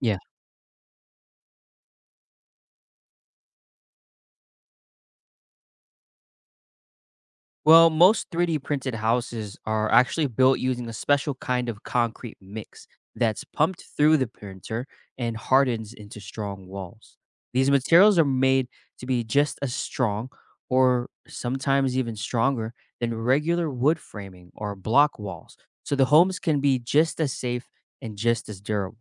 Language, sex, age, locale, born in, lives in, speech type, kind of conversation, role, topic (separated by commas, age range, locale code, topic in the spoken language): English, male, 25-29, en-US, United States, United States, read, expert-assistant, expert, How could 3D printing revolutionize home construction?